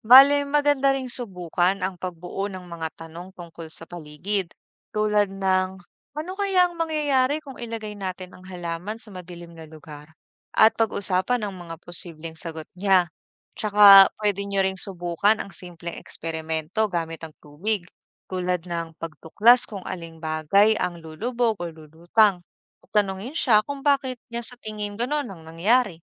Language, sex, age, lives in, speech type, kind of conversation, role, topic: Filipino, female, 25-29, Philippines, read, expert-assistant, expert, Paano nakakatulong ang pagtuturo ng agham sa pagbuo ng kritikal na pag-iisip?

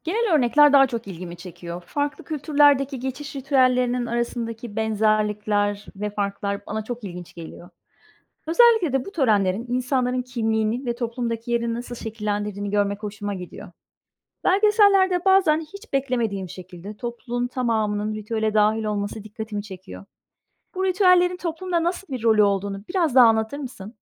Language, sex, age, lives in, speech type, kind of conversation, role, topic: Turkish, female, 45-49, Spain, read, expert-assistant, asker, Farklı kültürlerde geçiş ritüelleri nasıl değişiyor?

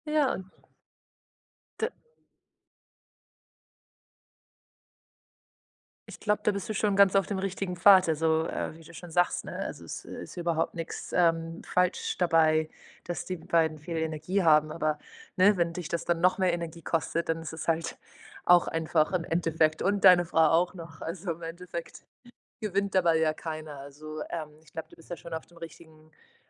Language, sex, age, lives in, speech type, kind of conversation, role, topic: German, female, 25-29, Portugal, conversation, advice, advisor, Wie kann ich bessere Pausen machen und Stress im Alltag reduzieren?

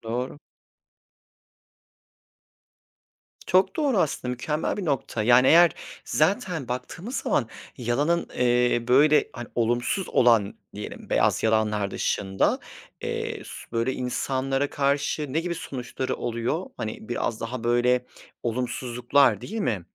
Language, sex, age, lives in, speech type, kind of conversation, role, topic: Turkish, male, 30-34, Poland, conversation, unstructured, none, Yalan söylemek her zaman yanlış mıdır?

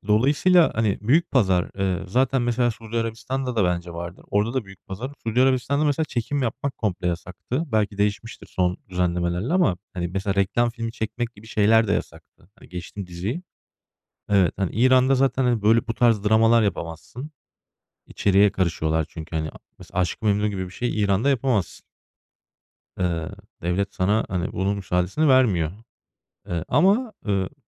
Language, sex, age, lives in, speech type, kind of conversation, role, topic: Turkish, male, 35-39, Germany, conversation, unstructured, none, Popüler diziler neden bu kadar çok insanın ilgisini çekiyor?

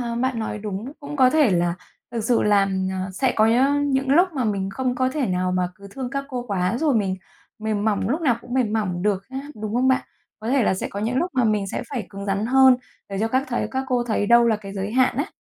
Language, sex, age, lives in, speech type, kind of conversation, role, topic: Vietnamese, female, 35-39, Vietnam, conversation, advice, user, Làm sao vượt qua cảm giác tự nghi ngờ và thiếu tự tin khi lãnh đạo một công ty khởi nghiệp?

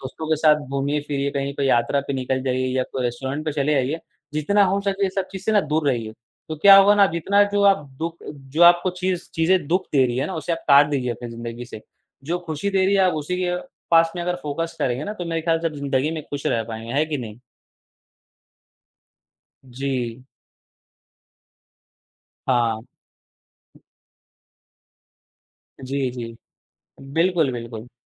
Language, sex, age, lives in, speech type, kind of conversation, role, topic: Hindi, male, 18-19, India, conversation, unstructured, none, खुश रहने के लिए आप कौन-कौन से छोटे-छोटे कदम उठाते हैं?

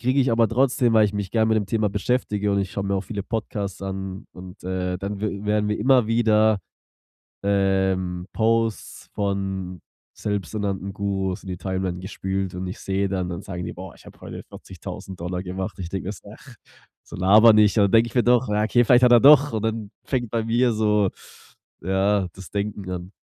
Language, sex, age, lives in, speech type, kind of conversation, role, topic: German, male, 25-29, Germany, conversation, advice, user, Wie kann ich mich in sozialen Medien weniger mit anderen vergleichen?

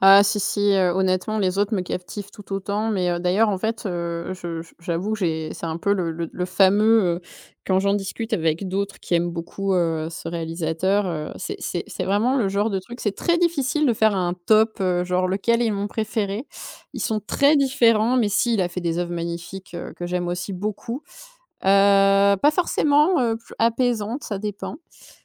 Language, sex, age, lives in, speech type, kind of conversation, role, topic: French, female, 30-34, France, conversation, podcast, guest, Quelle œuvre t’aide à te recentrer quand tout va trop vite ?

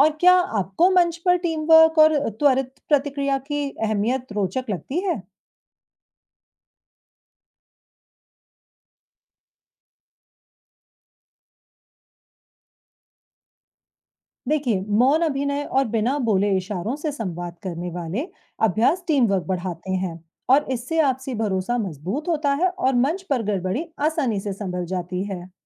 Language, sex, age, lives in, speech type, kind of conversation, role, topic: Hindi, female, 35-39, India, read, expert-assistant, expert, जब मंच पर अचानक कोई गड़बड़ हो जाए, तो कलाकार उसे कैसे संभाल सकते हैं?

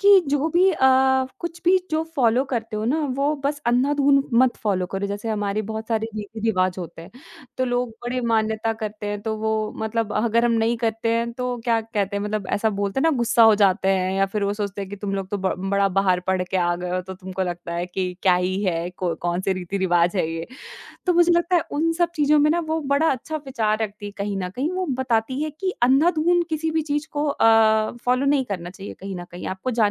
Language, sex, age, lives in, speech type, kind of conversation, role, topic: Hindi, female, 20-24, India, conversation, podcast, guest, पीढ़ियों के बीच संवाद ने आपकी पहचान कैसे आकार दी?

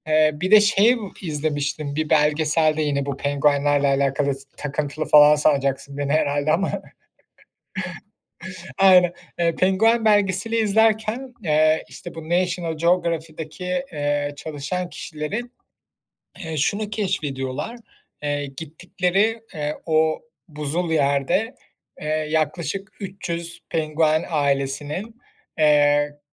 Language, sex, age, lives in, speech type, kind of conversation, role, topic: Turkish, male, 30-34, Germany, conversation, unstructured, none, Doğada en çok görmek istediğin hayvan hangisi?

